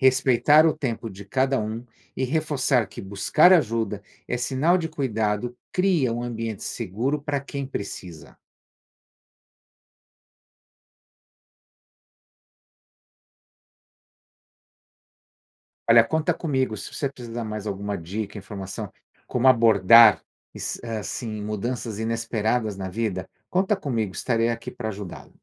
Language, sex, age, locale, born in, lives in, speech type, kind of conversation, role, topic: Portuguese, male, 55-59, pt-BR, Brazil, United States, read, expert-assistant, expert, O que a evolução pode me ensinar sobre como lidar com mudanças inesperadas na vida?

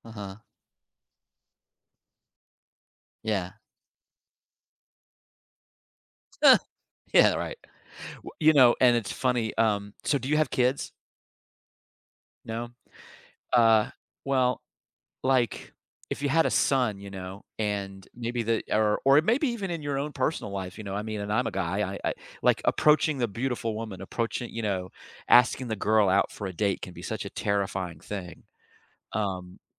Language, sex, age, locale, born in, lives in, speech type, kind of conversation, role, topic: English, male, 55-59, en-US, United States, United States, conversation, podcast, host, How have moments of courage shaped your personal growth?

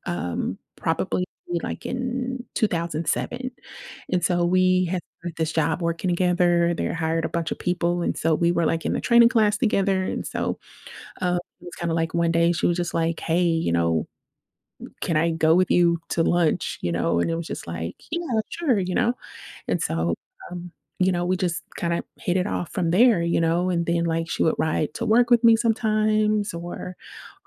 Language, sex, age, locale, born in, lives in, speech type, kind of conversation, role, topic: English, female, 30-34, en-US, United States, United States, conversation, advice, user, How can I reconnect with a friend after years apart?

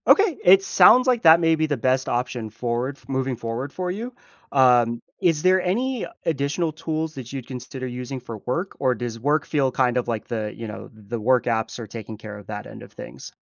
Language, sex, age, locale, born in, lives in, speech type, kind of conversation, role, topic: English, male, 30-34, en-US, United States, United States, conversation, advice, advisor, How can I balance work and home responsibilities without feeling overwhelmed?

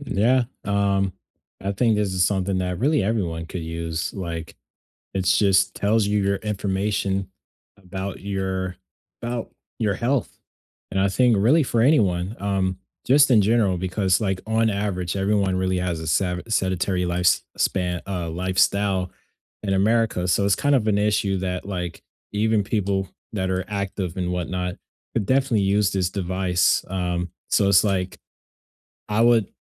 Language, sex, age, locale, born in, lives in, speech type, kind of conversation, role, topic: English, male, 20-24, en-US, United States, United States, conversation, unstructured, none, Do wearables help you build healthier habits, or do they just add another screen—what’s your real-life take?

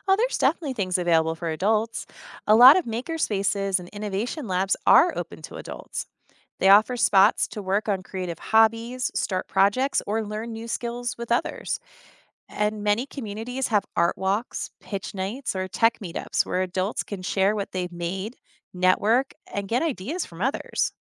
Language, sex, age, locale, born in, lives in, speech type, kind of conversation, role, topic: English, female, 35-39, en-US, United States, United States, read, expert-assistant, expert, How do people celebrate innovation and creativity?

